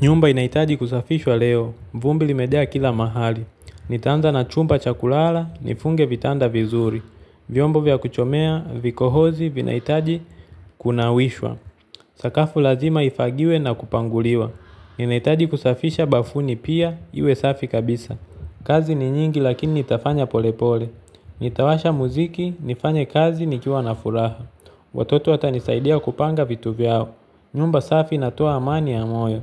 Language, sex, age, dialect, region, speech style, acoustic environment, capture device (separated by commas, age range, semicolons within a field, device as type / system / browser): Swahili, male, 25-34, Tanzania - Dar es Salaam, Tanzania, focused, nature, mobile / Linux / Chrome